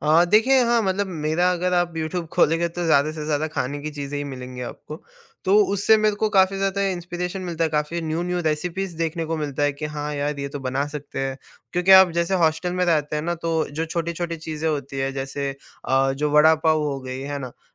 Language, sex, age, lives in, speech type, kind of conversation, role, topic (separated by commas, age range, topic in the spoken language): Hindi, male, 18-19, India, conversation, podcast, guest, Bina recipe ke cooking kaise start karte ho?